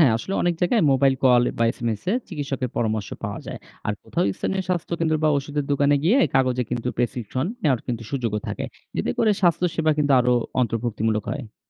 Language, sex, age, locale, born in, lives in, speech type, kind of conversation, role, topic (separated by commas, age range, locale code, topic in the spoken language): Bengali, male, 30-34, bn-BD, Bangladesh, Bangladesh, read, expert-assistant, expert, রোগীদের জন্য হাসপাতালের অভিজ্ঞতা আরও ভালো করতে কী নতুন পদ্ধতি ভাবা যেতে পারে?